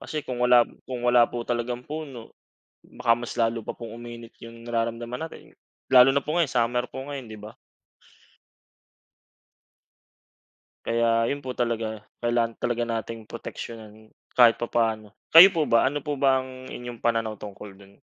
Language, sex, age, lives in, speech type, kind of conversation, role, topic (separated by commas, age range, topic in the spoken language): Filipino, male, 25-29, Philippines, conversation, unstructured, none, Ano ang nararamdaman mo kapag nakikita mong nasisira ang kagubatan?